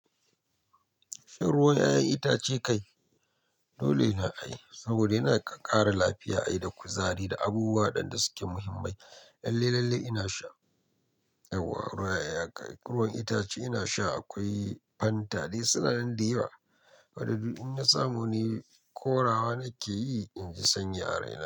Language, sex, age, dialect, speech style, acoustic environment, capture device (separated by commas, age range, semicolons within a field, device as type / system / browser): Hausa, male, 25-34, Nigeria - Nigerian Standard, relaxed, people talking, mobile / Linux / Chrome